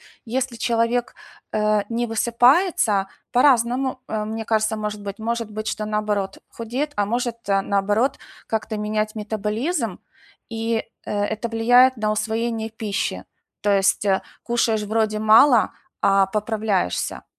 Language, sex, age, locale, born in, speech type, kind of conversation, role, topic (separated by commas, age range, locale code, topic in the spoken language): Russian, female, 45-49, ru-UA, Ukraine, conversation, advice, advisor, Как снова найти мотивацию после того, как прогресс остановился?